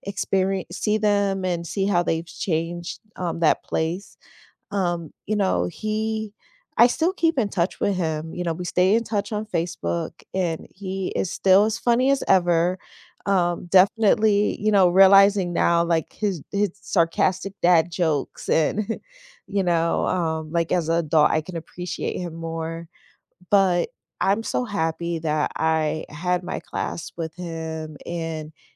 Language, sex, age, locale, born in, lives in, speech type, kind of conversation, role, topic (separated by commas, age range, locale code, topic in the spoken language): English, female, 40-44, en-US, United States, United States, conversation, unstructured, none, Which class surprised you in a good way, and how did it change your perspective?